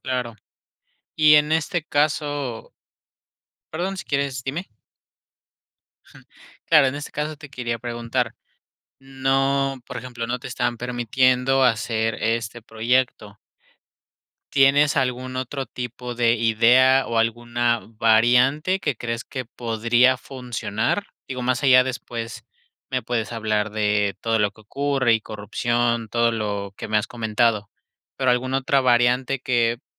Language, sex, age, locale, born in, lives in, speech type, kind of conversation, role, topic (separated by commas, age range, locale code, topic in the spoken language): Spanish, male, 25-29, es-MX, Mexico, Mexico, conversation, podcast, host, ¿Crees que las ciudades necesitan más zonas verdes?